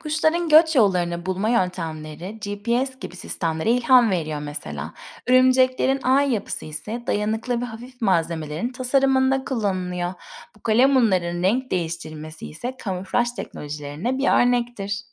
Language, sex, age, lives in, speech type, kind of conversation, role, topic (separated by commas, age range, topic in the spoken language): Turkish, female, 30-34, Spain, read, expert-assistant, expert, Hayvanlara bakmak, hayata ve bilgiye bakışımızı nasıl değiştirir?